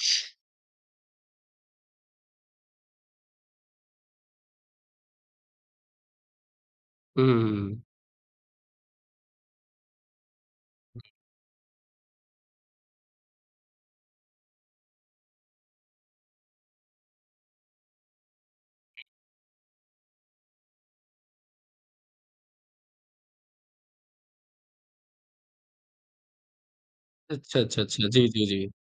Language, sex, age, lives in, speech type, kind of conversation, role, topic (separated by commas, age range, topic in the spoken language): Hindi, male, 20-24, India, conversation, podcast, host, स्ट्रीट फूड और घर का खाना—आप क्या पसंद करते हैं?